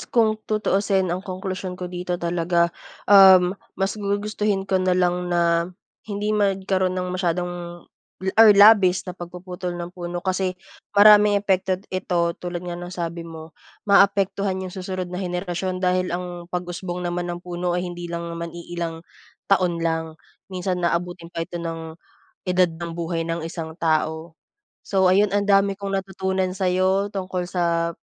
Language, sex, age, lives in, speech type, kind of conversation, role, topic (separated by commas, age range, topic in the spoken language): Filipino, female, 25-29, Philippines, conversation, unstructured, none, Paano naapektuhan ng pagputol ng mga puno ang inyong lugar?